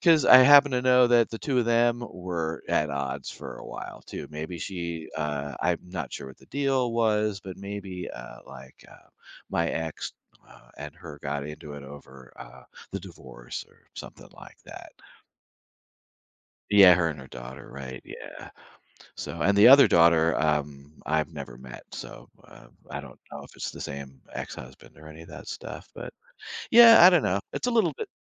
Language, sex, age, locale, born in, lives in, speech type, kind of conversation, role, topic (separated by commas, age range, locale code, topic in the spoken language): English, male, 60-64, en-US, United States, United States, conversation, advice, user, How can I reconnect with old friends who have drifted apart over the years?